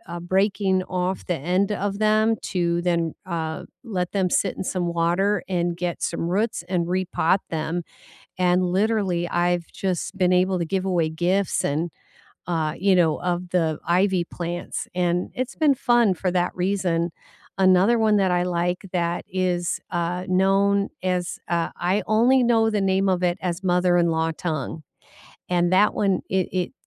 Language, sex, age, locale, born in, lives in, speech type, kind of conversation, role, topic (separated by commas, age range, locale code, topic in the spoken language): English, female, 60-64, en-US, United States, United States, conversation, unstructured, none, Which indoor plants will thrive in your space, and how should you style them?